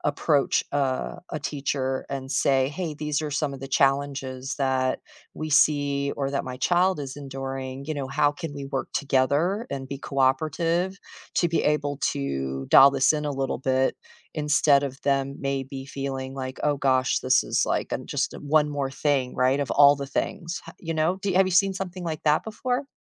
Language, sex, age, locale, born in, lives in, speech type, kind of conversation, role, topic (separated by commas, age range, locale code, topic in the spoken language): English, female, 50-54, en-US, United States, United States, conversation, unstructured, none, Why do some teachers seem unfair to certain students?